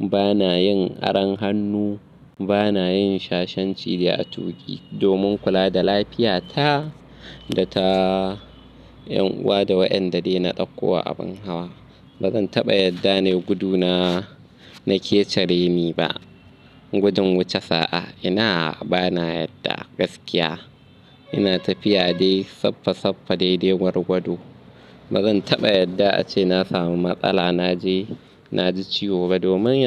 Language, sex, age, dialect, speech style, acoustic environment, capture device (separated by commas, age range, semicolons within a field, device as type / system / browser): Hausa, male, 25-34, Nigeria - Kano, anxious, nature, mobile / Linux / Chrome